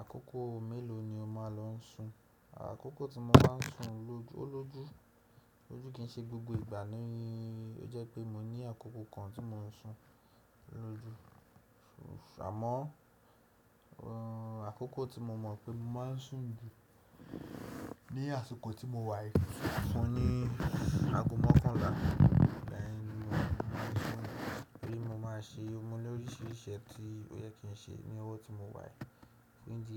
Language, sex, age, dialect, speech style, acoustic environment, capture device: Yoruba, male, 18-24, Nigeria - Nigerian Standard, happy; excited; relaxed, silence, desktop / Windows / Chrome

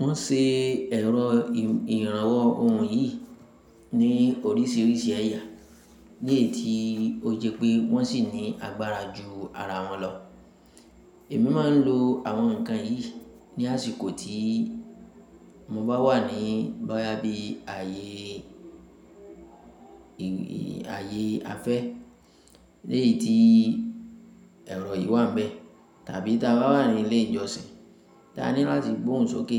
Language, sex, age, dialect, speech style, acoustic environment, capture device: Yoruba, female, 25-34, Nigeria - Ibadan, happy; focused, silence, mobile / Linux / Chrome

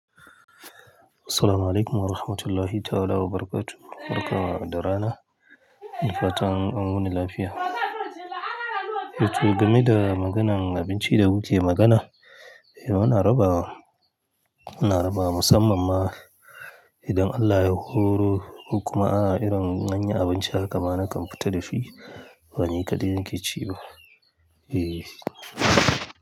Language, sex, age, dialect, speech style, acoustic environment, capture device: Hausa, male, 35-44, Nigeria - Kano, happy, silence, mobile / Linux / Chrome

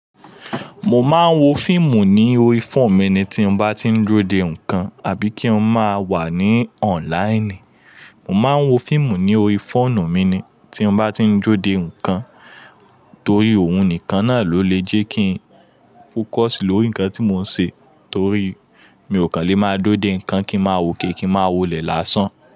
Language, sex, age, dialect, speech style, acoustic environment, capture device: Yoruba, male, 25-34, Nigeria - Lagos Yoruba, focused, people talking, mobile / Linux / Chrome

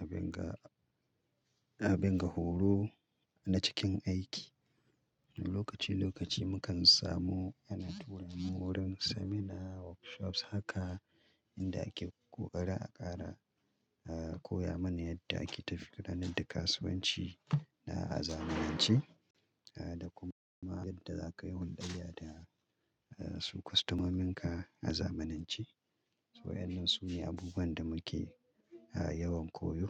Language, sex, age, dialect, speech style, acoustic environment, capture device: Hausa, male, 35-44, Nigeria - Kano, relaxed; happy, silence, mobile / Linux / Chrome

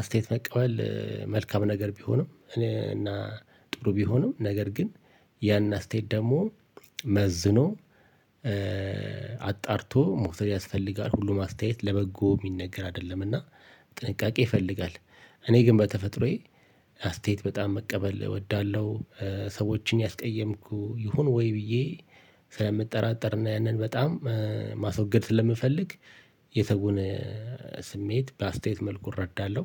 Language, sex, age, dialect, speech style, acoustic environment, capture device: Amharic, male, 45-54, Ethiopia - Addis Ababa, relaxed, appliances, mobile / Linux / Chrome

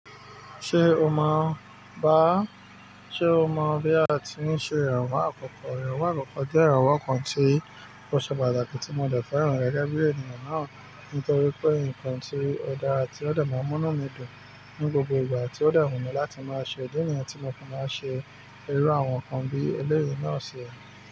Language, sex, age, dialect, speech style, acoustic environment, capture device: Yoruba, male, 25-34, Nigeria - Nigerian Standard, focused, nature, mobile / Linux / Chrome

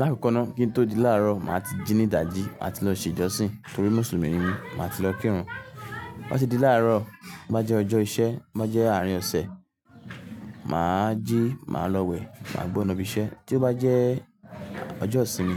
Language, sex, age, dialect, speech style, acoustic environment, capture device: Yoruba, male, 18-24, Nigeria - Lagos Yoruba, relaxed, nature, mobile / Linux / Chrome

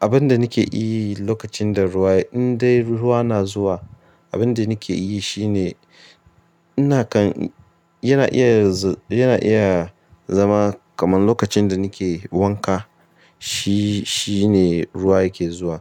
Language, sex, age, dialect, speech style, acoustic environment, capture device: Hausa, male, 25-34, Nigeria - Ibadan, relaxed; focused, nature; appliances, mobile / Linux / Chrome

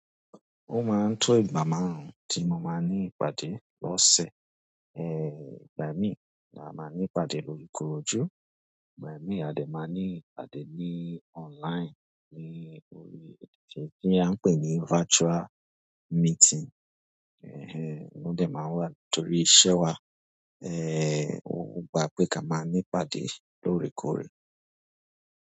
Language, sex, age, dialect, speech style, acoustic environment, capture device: Yoruba, male, 25-34, Nigeria - Lagos Yoruba, relaxed, nature, mobile / Android / Chrome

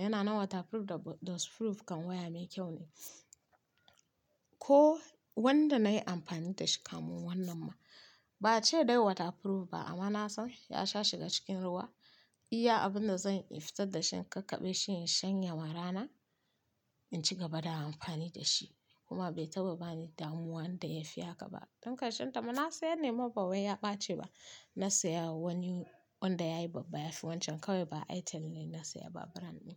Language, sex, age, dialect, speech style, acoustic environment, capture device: Hausa, female, 25-34, Nigeria - Kano, focused, music, mobile / Linux / Chrome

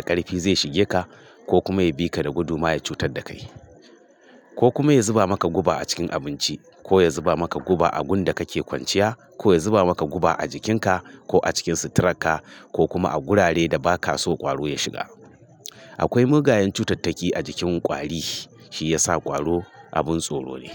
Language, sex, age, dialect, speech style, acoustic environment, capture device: Hausa, male, 45-54, Nigeria - Kano, stressed; focused; excited; happy; anxious; tired; relaxed; nervous, traffic; construction; appliances; silence, mobile / Linux / Chrome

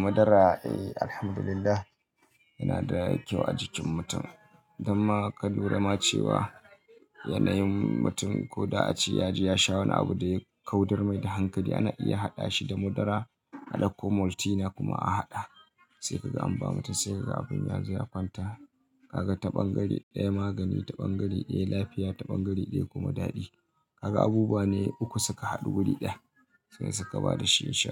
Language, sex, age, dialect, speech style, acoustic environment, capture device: Hausa, male, 25-34, Nigeria - Kano, happy, people talking, mobile / Linux / Chrome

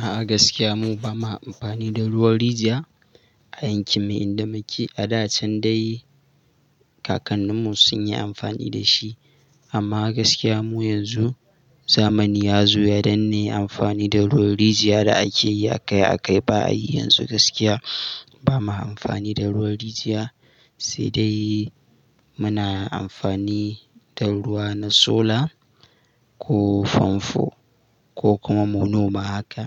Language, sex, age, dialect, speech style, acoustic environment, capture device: Hausa, male, 18-24, Nigeria - Katsina, happy, silence, mobile / Linux / Chrome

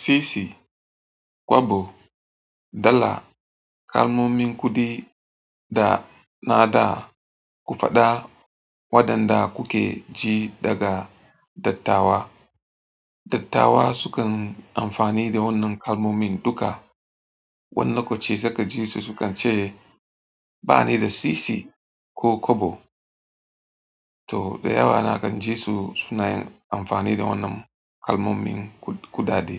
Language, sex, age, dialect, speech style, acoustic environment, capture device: Hausa, male, 35-44, Nigeria - Katsina, happy; stressed, silence, mobile / Android / Chrome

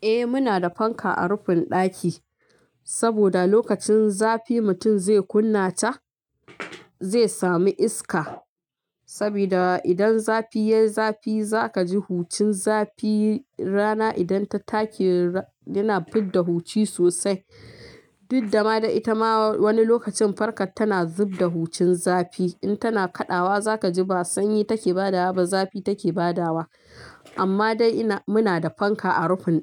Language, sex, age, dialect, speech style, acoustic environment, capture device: Hausa, female, 25-34, Nigeria - Kano, happy, traffic, mobile / Linux / Chrome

